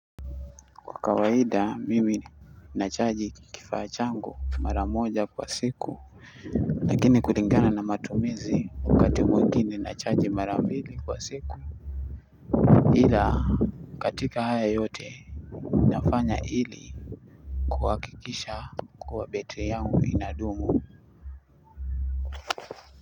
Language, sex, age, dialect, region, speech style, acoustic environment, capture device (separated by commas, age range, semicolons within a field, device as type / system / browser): Swahili, male, 25-34, Kenya - Mombasa, Kenya, anxious; excited; nervous, people talking; appliances; music; nature, desktop / Linux / Chrome